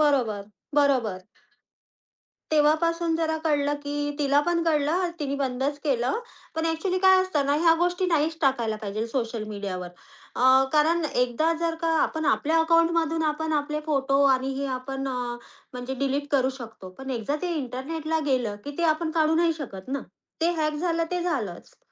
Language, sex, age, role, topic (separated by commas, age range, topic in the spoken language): Marathi, female, 30-34, guest, तुम्ही समाजमाध्यमांवर तुमची वैयक्तिक माहिती किती प्रमाणात शेअर करता?